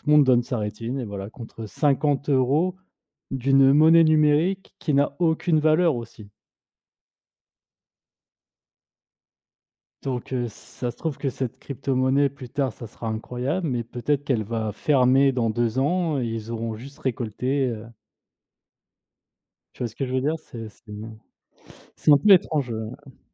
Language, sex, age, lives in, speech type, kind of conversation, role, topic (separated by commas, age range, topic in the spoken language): French, male, 30-34, France, conversation, unstructured, none, Quels dangers vois-tu dans le partage de données personnelles en ligne ?